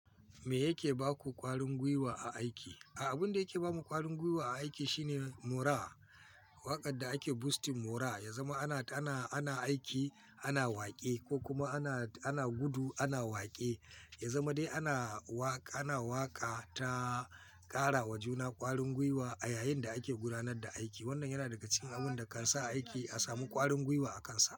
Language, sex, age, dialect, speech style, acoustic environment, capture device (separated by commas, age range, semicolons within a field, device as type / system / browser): Hausa, male, 45-54, Nigeria - Kano, focused, people talking, mobile / Linux / Chrome